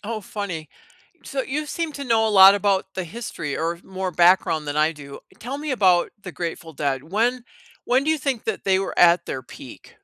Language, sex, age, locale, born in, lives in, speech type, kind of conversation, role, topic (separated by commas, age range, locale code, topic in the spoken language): English, female, 60-64, en-US, United States, United States, conversation, unstructured, none, Which song or playlist best reflects how you feel right now, and why does it resonate with you?